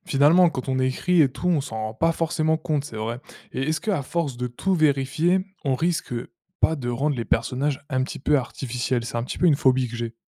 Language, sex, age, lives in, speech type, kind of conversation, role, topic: French, male, 20-24, France, read, expert-assistant, asker, Pourquoi inventer des personnages nous aide-t-il à comprendre le monde ?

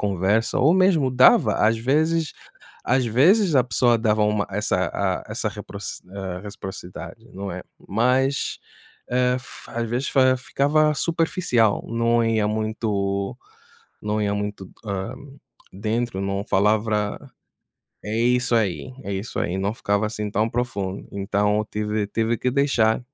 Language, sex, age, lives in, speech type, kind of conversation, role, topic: Portuguese, male, 25-29, United States, conversation, unstructured, none, Qual é o segredo para manter uma amizade de longa data?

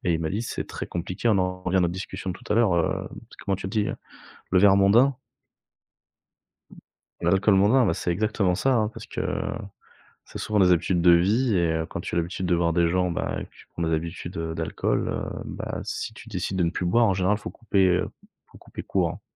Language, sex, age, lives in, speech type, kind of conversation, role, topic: French, male, 30-34, France, conversation, advice, user, Comment décririez-vous votre dépendance aux somnifères ou à l’alcool pour dormir ?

